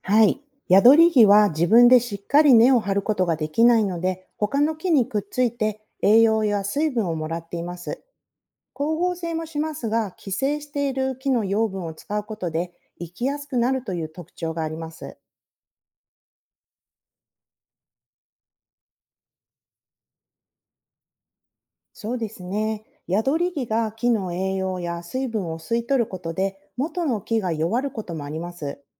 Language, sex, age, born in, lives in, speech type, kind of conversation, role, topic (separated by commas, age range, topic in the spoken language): Japanese, female, 40-44, Japan, United States, read, expert-assistant, expert, なぜヤドリギは他の木に寄生するのか説明してください。